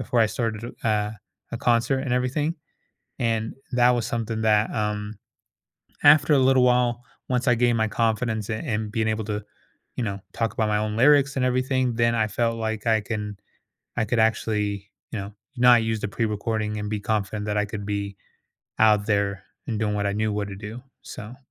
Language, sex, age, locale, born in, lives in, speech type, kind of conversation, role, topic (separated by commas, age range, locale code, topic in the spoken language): English, male, 30-34, en-US, United States, United States, conversation, podcast, guest, What helps people feel more comfortable and self-assured in conversations with others?